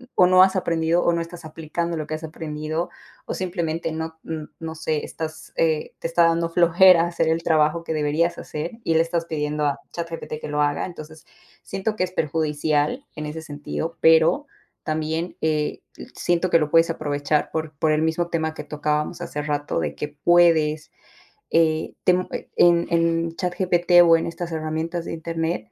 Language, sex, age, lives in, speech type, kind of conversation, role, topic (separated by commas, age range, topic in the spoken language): Spanish, female, 25-29, United States, conversation, unstructured, none, ¿Cómo crees que la tecnología cambia la forma en que aprendemos?